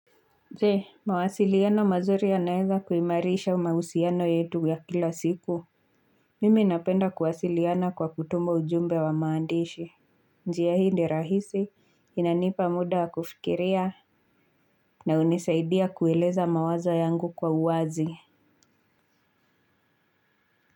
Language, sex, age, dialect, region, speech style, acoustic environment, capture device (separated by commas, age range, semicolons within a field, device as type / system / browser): Swahili, female, 25-34, Kenya - Nairobi Sheng-influenced, Kenya, relaxed, silence, mobile / Linux / Chrome